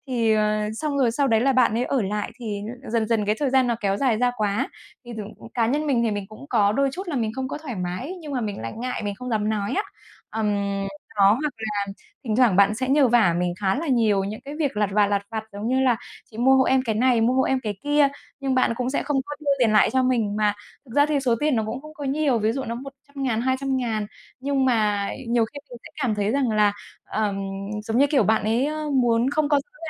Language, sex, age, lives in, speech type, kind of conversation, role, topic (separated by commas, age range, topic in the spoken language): Vietnamese, female, 35-39, Vietnam, conversation, advice, user, Làm thế nào để xử lý khi một người bạn lợi dụng thời gian và cảm xúc của tôi?